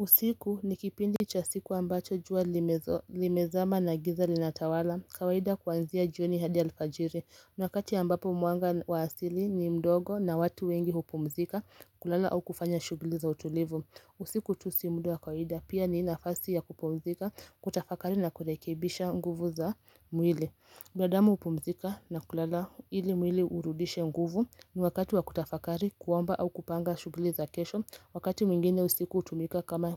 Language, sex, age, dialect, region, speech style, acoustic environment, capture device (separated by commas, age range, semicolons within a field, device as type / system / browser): Swahili, female, 25-34, Kenya - Mombasa, Kenya, happy, silence, mobile / Linux / Chrome